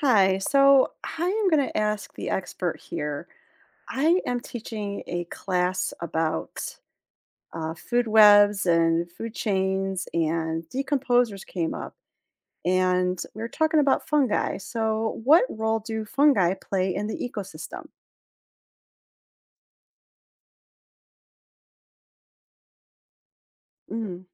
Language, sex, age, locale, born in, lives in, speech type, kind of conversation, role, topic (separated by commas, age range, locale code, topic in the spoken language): English, female, 45-49, en-US, United States, United States, read, expert-assistant, asker, What role do fungi play in the ecosystem?